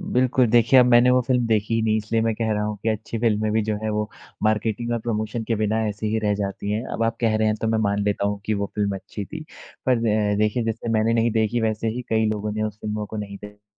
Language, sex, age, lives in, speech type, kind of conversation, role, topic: Hindi, male, 20-24, India, conversation, unstructured, none, क्या बॉलीवुड में सबसे ज़्यादा महत्व सिर्फ़ पैसे का ही है?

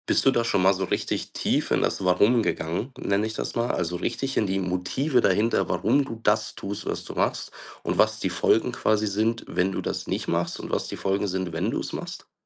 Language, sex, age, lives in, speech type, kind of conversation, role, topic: German, male, 25-29, Germany, conversation, advice, advisor, Wie kann ich es schaffen, länger tief konzentriert zu arbeiten?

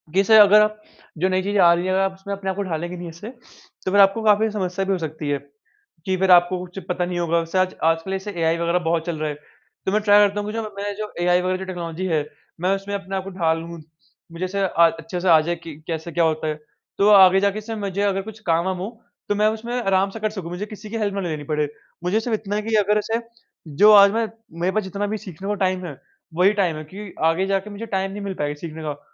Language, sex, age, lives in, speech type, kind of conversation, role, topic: Hindi, male, 45-49, India, conversation, unstructured, none, आप अपने भविष्य के लिए कौन-से कदम उठा रहे हैं?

